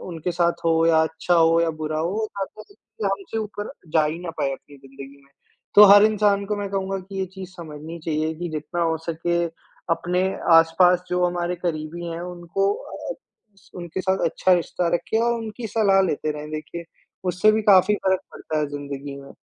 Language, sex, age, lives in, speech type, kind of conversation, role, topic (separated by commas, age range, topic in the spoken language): Hindi, male, 55-59, India, conversation, podcast, guest, सीखते समय असफलता को आप कैसे संभालते हैं?